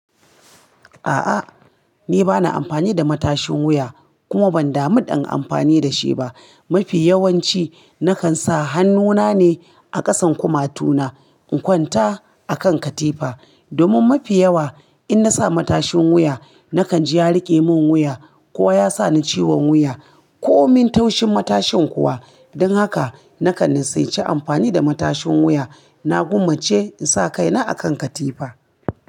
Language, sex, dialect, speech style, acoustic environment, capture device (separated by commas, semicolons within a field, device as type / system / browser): Hausa, female, Nigeria - Kano, relaxed; focused, appliances; nature; silence, mobile / Linux / Chrome